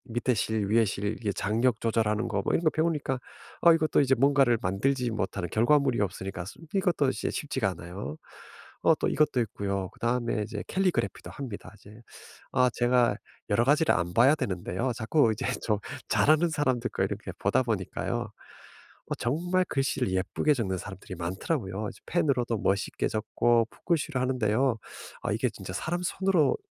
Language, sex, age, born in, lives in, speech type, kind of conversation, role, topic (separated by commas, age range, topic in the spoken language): Korean, male, 50-54, South Korea, United States, conversation, advice, user, 집에서 혼자 취미를 꾸준히 유지하려면 어떻게 해야 할까요?